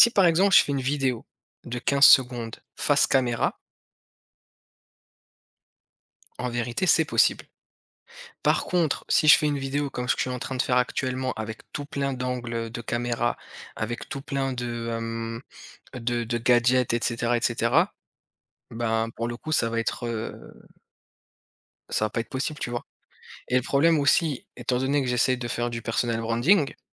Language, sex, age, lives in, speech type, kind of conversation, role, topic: French, male, 30-34, France, conversation, unstructured, none, Comment gérez-vous les moments de doute dans votre parcours personnel ?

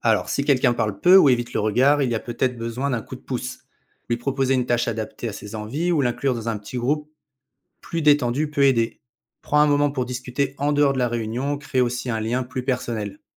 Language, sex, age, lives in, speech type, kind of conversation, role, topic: French, male, 35-39, France, read, expert-assistant, expert, Comment mobiliser et impliquer efficacement les membres dans un projet associatif ?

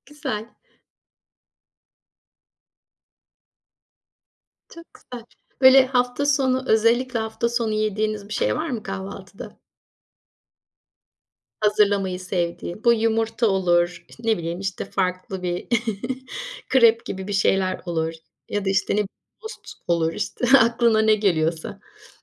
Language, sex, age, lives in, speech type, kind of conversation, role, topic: Turkish, female, 50-54, Spain, conversation, podcast, host, Hafta sonu evde geçirilen ideal bir gün nasıl olur?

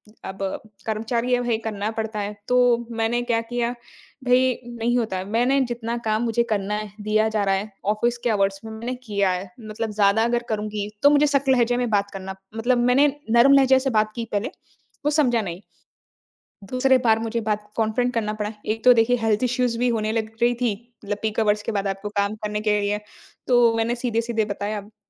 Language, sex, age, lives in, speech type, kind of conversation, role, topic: Hindi, female, 25-29, India, conversation, podcast, guest, क्या आप सच को 'मीठा' करके / घुमा-फिराकर कहने की कोशिश करते हैं?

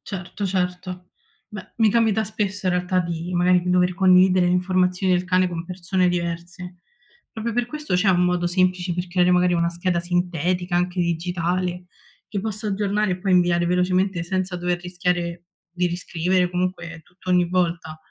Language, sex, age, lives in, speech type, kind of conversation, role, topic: Italian, female, 20-24, Italy, read, expert-assistant, asker, Qual è il modo migliore per gestire e condividere le informazioni sanitarie del cane?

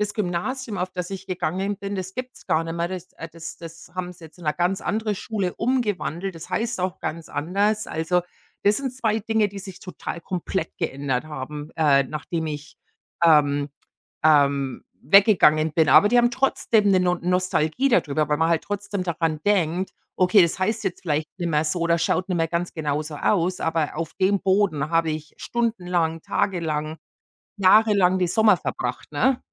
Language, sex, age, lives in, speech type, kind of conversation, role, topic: German, female, 45-49, United States, conversation, unstructured, none, Gibt es einen Ort, der bei dir nostalgische Gefühle weckt?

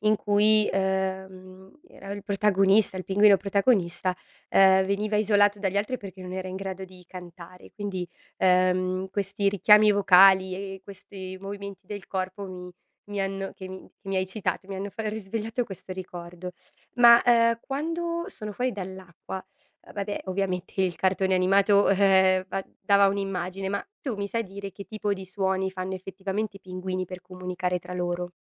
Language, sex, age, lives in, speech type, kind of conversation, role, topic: Italian, female, 30-34, Italy, read, expert-assistant, asker, Perché i pinguini non volano?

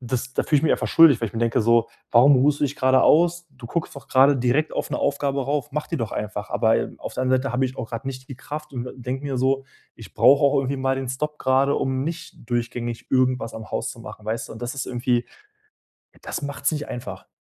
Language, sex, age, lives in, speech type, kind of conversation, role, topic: German, male, 25-29, Germany, conversation, advice, user, Wie kann ich Pausen machen, ohne mich schuldig zu fühlen oder mich weniger wertvoll zu finden?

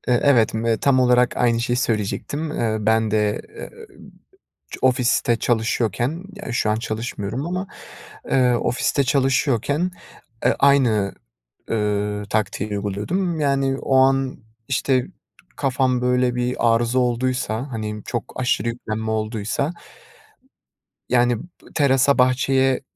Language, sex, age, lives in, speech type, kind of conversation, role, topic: Turkish, male, 20-24, Netherlands, conversation, podcast, guest, Stresle başa çıkmak için hangi yöntemleri kullanırsın?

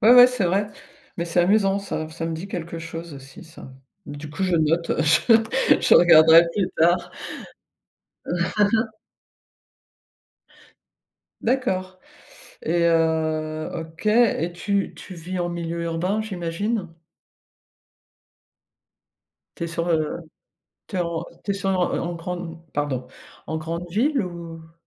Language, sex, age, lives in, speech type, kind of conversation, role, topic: French, female, 55-59, France, conversation, podcast, host, Quelle est, selon toi, la signature de ton style ?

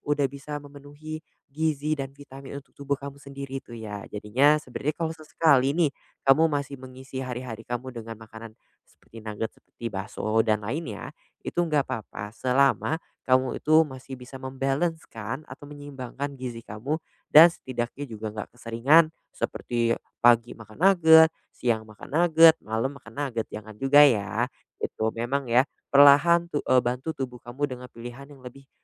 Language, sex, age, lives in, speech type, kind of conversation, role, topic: Indonesian, male, 20-24, Indonesia, conversation, advice, advisor, Bagaimana caranya agar tidak mudah tergoda oleh makanan olahan?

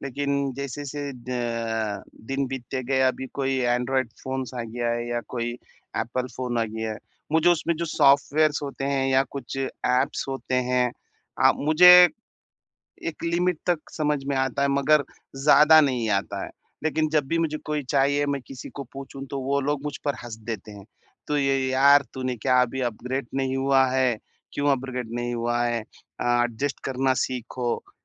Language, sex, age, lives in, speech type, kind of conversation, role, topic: Hindi, male, 30-34, India, conversation, advice, user, तेजी से बदलती दुनिया में मैं खुद को बेहतर तरीके से कैसे अनुकूलित कर सकता/सकती हूँ?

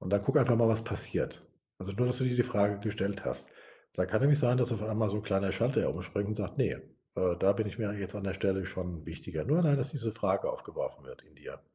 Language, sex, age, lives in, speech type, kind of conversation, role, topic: German, male, 60-64, Germany, conversation, advice, advisor, Wie schaffe ich es, genug Zeit für mich selbst zu finden, obwohl ich ständig verabredet und beschäftigt bin?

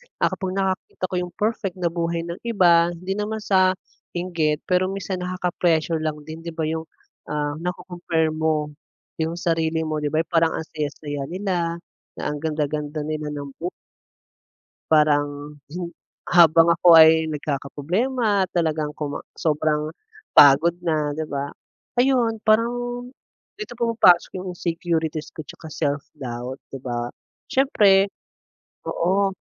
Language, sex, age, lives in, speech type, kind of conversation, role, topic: Filipino, male, 25-29, Philippines, conversation, unstructured, none, Ano ang palagay mo sa araw-araw na paggamit ng midyang panlipunan?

